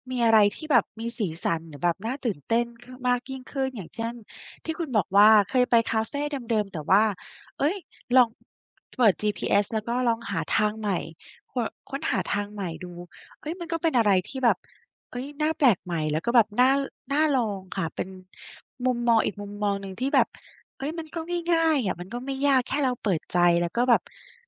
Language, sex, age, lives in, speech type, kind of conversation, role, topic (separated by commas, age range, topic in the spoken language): Thai, female, 35-39, Thailand, conversation, advice, user, คุณรู้สึกอย่างไรเมื่อชีวิตวนซ้ำและไม่มีเป้าหมายระยะยาว?